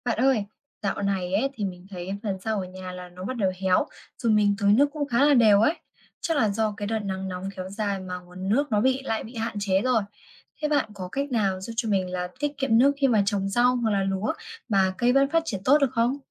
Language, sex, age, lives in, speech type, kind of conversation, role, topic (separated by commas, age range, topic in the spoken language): Vietnamese, female, 20-24, Vietnam, read, expert-assistant, asker, Làm thế nào để ủ mùn cưa và giữ ẩm cho vườn rau hiệu quả mà tiết kiệm nước?